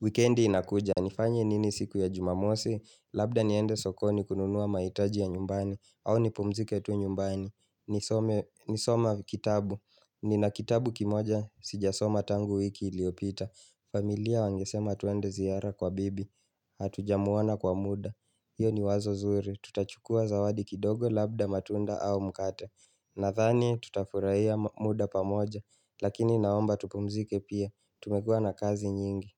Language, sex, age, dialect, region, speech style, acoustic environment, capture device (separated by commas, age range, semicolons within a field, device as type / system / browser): Swahili, male, 25-34, Kenya - Nairobi Sheng-influenced, Kenya, relaxed, silence, mobile / Linux / Chrome